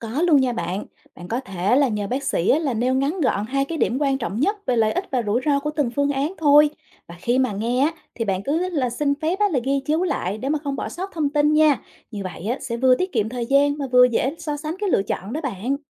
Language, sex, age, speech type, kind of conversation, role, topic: Vietnamese, female, 35-39, read, expert-assistant, expert, Làm thế nào để giao tiếp hiệu quả với bác sĩ khi tư vấn sức khỏe?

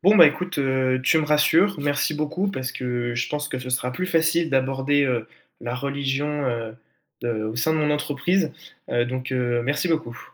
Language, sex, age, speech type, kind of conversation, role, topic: French, male, 18-19, read, expert-assistant, asker, Comment aborder la religion au travail sans générer de malaise ?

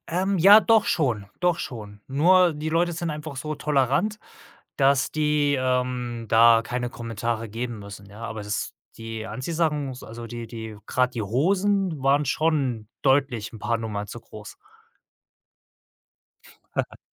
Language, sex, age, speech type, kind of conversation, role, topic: German, male, 35-39, conversation, podcast, guest, Wie sehr beeinflussen Trends deine Alltagskleidung?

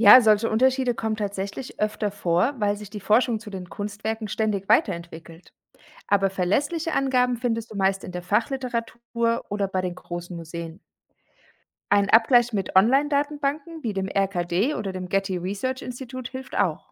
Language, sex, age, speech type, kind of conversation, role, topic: German, female, 40-44, read, expert-assistant, expert, Wie verlässlich sind die Informationen, die wir in Museen oder Kunstbüchern über alte Gemälde finden?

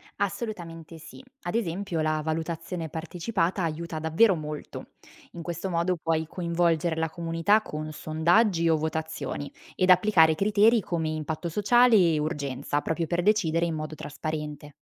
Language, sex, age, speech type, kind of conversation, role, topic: Italian, female, 25-29, read, expert-assistant, expert, Qual è un buon modo per decidere le priorità quando ci sono tante idee per migliorare i servizi pubblici, ma poche risorse?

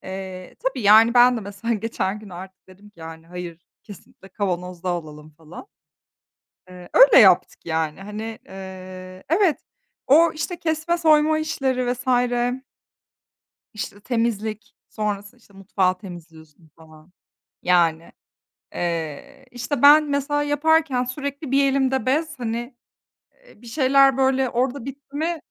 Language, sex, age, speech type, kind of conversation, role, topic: Turkish, female, 30-34, conversation, unstructured, none, Yemek yaparken bazen neden sinirlerine hâkim olamıyorsun?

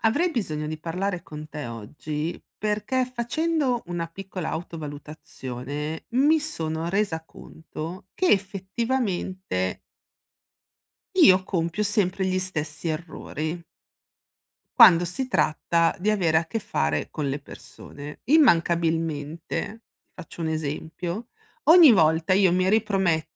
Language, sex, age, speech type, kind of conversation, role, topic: Italian, female, 40-44, conversation, advice, user, Come posso riconoscere gli inneschi emotivi ricorrenti che mi portano a ripetere gli stessi errori?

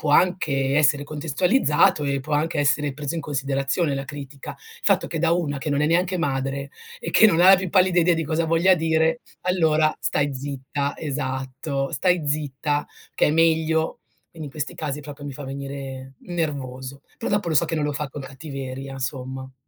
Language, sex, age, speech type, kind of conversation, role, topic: Italian, female, 40-44, conversation, advice, user, Come posso gestire un amico sincero ma tagliente che finisce per offendermi invece di aiutarmi?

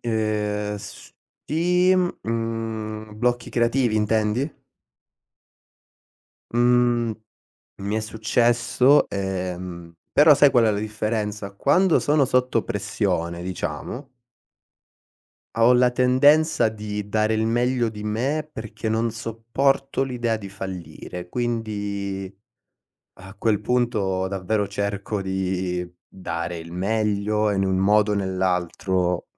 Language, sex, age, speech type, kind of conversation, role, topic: Italian, male, 25-29, conversation, podcast, guest, Hai qualche rituale per accendere la creatività?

